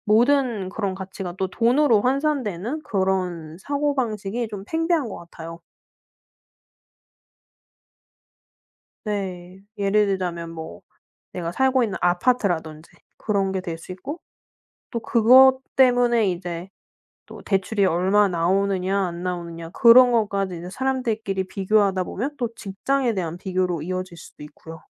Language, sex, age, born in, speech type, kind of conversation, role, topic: Korean, female, 30-34, South Korea, conversation, podcast, guest, 돈과 행복 중 하나를 선택해야 한다면 어떤 기준으로 고민하시나요?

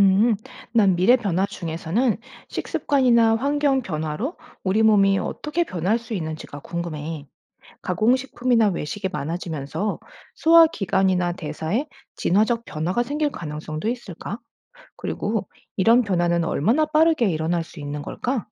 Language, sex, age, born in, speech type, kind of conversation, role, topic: Korean, female, 40-44, United States, read, expert-assistant, asker, 진화에 관한 뉴스나 다큐멘터리가 많은데, 믿을 만한 정보를 어떻게 골라야 할까요?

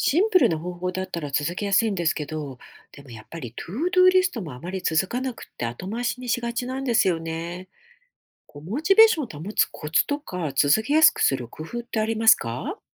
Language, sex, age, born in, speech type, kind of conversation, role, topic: Japanese, female, 50-54, Japan, read, expert-assistant, asker, 自分に合った生産性アップの方法をどうやって見つければいい？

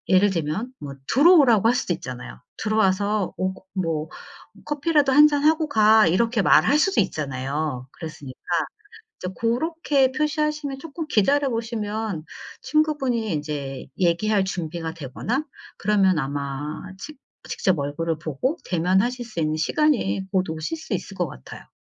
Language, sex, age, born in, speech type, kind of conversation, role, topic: Korean, female, 45-49, South Korea, conversation, advice, advisor, 친구가 힘들어할 때 어떻게 잘 들어주고 공감해 줄 수 있을까요?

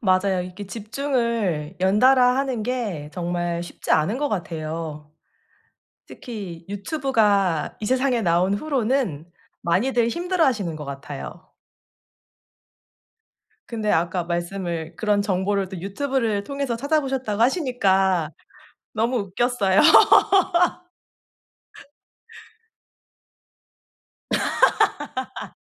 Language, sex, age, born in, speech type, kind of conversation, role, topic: Korean, female, 35-39, South Korea, conversation, advice, advisor, 중단 없이 일정 시간 동안 집중을 시작하려면 어떻게 해야 하나요?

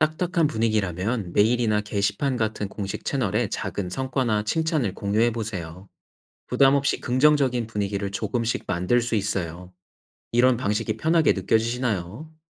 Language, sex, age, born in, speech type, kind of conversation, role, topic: Korean, male, 30-34, South Korea, read, expert-assistant, expert, 공공행정에서 일하면서, 나만의 강점을 어떻게 찾고 발전시킬 수 있을까요?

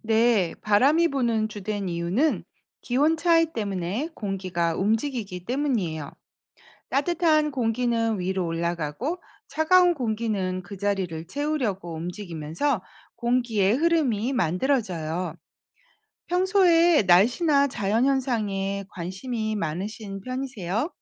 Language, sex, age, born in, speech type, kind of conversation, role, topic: Korean, female, 50-54, South Korea, read, expert-assistant, expert, 왜 바람이 부는 걸까요?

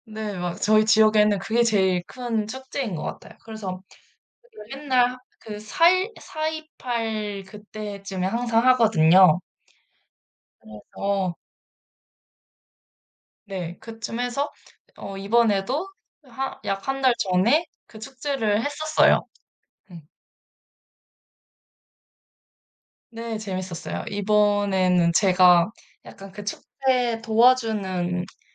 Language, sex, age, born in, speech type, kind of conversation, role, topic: Korean, female, 20-24, South Korea, conversation, unstructured, none, 우리 동네에서 가장 기억에 남는 축제는 무엇인가요?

